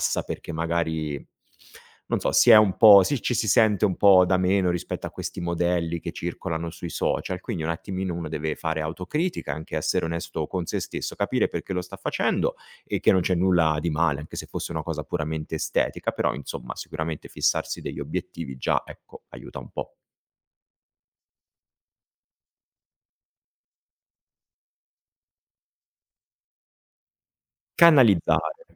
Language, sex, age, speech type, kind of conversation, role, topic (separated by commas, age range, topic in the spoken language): Italian, male, 35-39, conversation, podcast, guest, Come trasformi l'attività fisica in un'abitudine sostenibile?